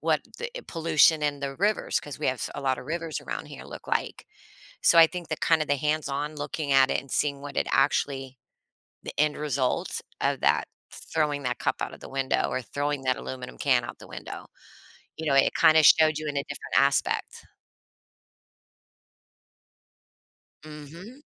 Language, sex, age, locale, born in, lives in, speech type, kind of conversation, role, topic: English, female, 45-49, en-US, United States, United States, conversation, podcast, host, How can we encourage children to care for and protect the environment?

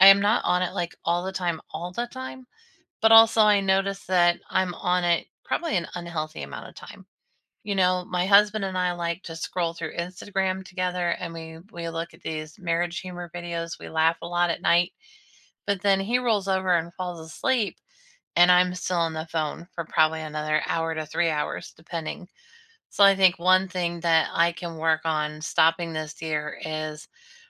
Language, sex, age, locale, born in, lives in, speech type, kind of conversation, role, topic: English, female, 40-44, en-US, United States, United States, conversation, unstructured, none, What could you stop doing this year to make space for what matters most?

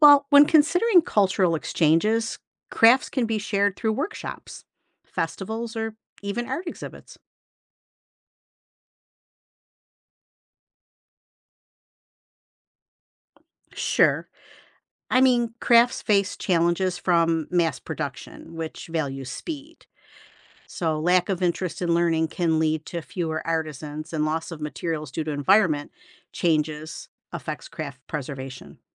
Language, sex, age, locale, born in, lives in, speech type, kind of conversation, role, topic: English, female, 55-59, en-US, United States, United States, read, expert-assistant, expert, Why are traditional crafts important for preserving culture?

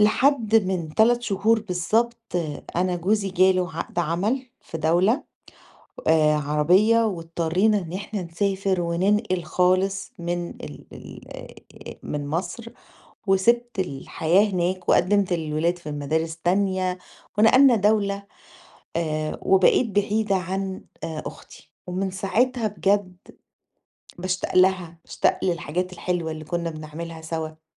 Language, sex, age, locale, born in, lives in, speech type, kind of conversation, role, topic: Arabic, female, 40-44, ar-EG, Egypt, Greece, conversation, advice, user, إزاي أقدر أتأقلم مع التغيير وفقدان الحاجات المألوفة في حياتي؟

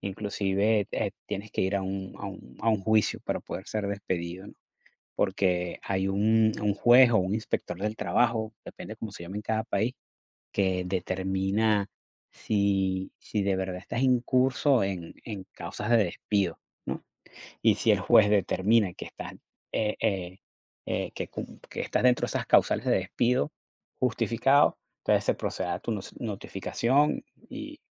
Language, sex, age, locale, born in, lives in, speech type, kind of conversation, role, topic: Spanish, male, 45-49, es-VE, Venezuela, United States, conversation, unstructured, none, ¿Qué opinas de los despidos sin previo aviso?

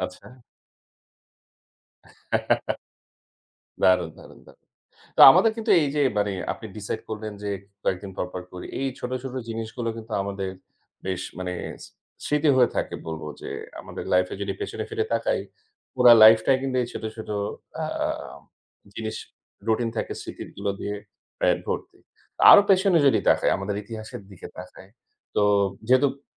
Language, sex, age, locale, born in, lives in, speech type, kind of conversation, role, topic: Bengali, male, 30-34, bn-BD, Bangladesh, Bangladesh, conversation, unstructured, none, মানব ইতিহাসে সবচেয়ে বিস্ময়কর ঘটনাটি কী হতে পারে?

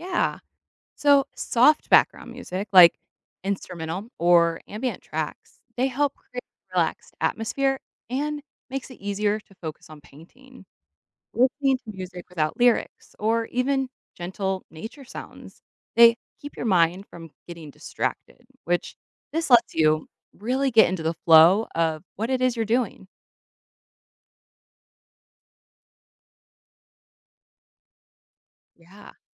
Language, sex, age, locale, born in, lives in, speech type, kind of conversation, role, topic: English, female, 30-34, en-US, United States, United States, read, expert-assistant, expert, Why do people enjoy building things with their hands?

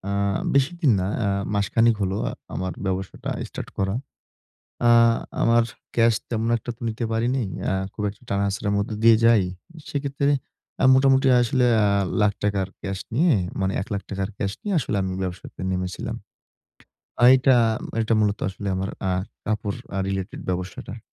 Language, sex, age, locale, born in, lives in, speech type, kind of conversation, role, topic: Bengali, male, 20-24, bn-BD, Bangladesh, Bangladesh, conversation, advice, user, আপনি কীভাবে ধৈর্য ধরে ধারাবাহিকভাবে নিয়মিত অভ্যাস গড়ে তুলতে পারেন?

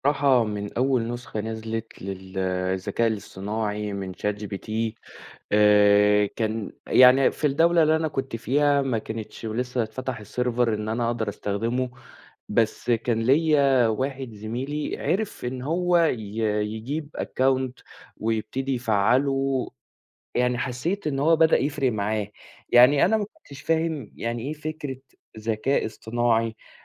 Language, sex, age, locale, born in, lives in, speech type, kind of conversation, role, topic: Arabic, male, 20-24, ar-EG, Saudi Arabia, Egypt, conversation, podcast, guest, إيه رأيك في الذكاء الاصطناعي وتأثيره على حياتنا اليومية؟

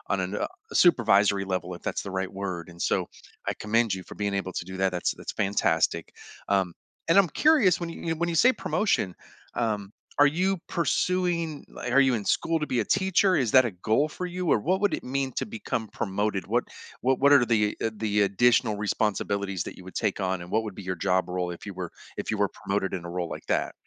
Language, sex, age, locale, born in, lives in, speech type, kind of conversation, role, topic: English, male, 45-49, en-US, United States, United States, conversation, advice, advisor, How can I cope with disappointment and improve my chances after missing a promotion?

